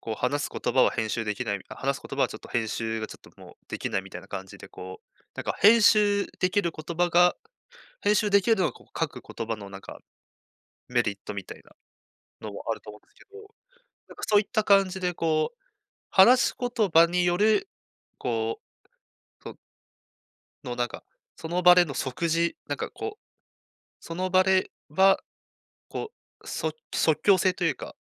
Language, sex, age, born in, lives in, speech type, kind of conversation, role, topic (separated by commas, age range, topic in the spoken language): Japanese, male, 20-24, Japan, Japan, conversation, podcast, host, 書き言葉と話し言葉の違いを感じますか？